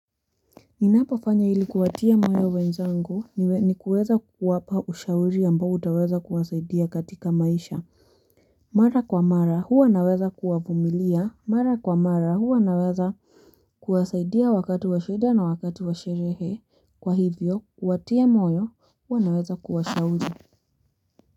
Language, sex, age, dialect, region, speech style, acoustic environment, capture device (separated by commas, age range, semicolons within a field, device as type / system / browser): Swahili, female, 18-24, Kenya - Nairobi Sheng-influenced, Kenya, happy; relaxed; focused, music; people talking; nature; construction, mobile / Linux / Chrome